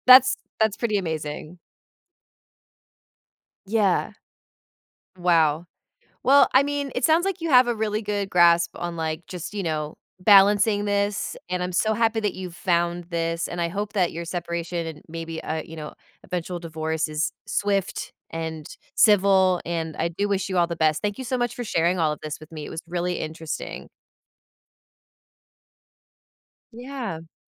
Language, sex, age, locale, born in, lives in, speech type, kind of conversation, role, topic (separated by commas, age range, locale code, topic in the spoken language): English, female, 35-39, en-US, United States, United States, conversation, advice, advisor, How can I stay positive and manage stress during a major life change?